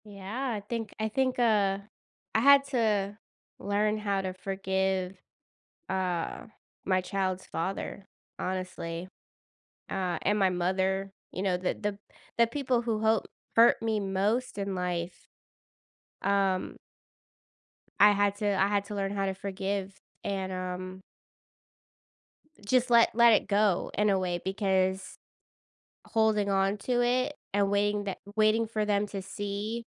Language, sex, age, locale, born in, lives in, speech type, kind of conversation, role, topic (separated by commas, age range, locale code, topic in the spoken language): English, female, 30-34, en-US, United States, United States, conversation, podcast, guest, How can forgiveness help us move forward after being hurt?